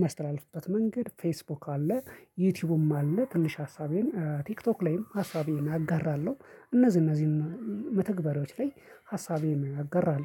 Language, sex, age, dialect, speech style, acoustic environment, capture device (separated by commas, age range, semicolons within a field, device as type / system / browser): Amharic, male, 25-34, Ethiopia - Addis Ababa, excited, silence, mobile / Linux / Chrome